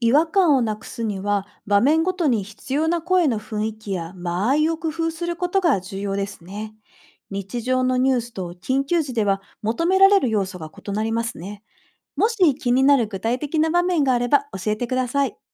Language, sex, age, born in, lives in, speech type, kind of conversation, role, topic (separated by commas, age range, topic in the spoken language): Japanese, female, 40-44, Japan, Japan, read, expert-assistant, expert, AIアナウンサーが番組に与える影響は何ですか？